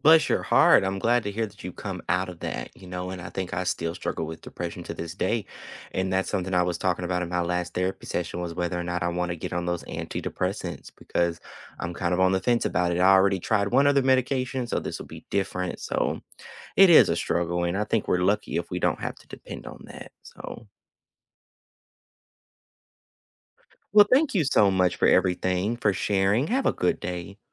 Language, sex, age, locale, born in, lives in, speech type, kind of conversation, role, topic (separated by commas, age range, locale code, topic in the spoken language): English, male, 25-29, en-US, United States, United States, conversation, unstructured, none, What are some simple ways you check in on your mental health and feel more connected?